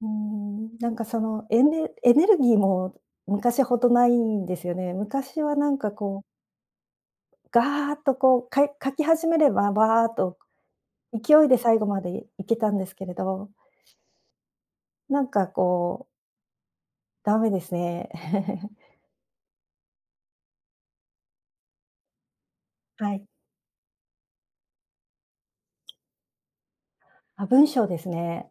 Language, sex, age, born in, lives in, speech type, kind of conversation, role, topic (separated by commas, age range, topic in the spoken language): Japanese, female, 55-59, Japan, Japan, conversation, advice, user, 小さな習慣を作って創作量を増やすには、どのように始めればよいですか？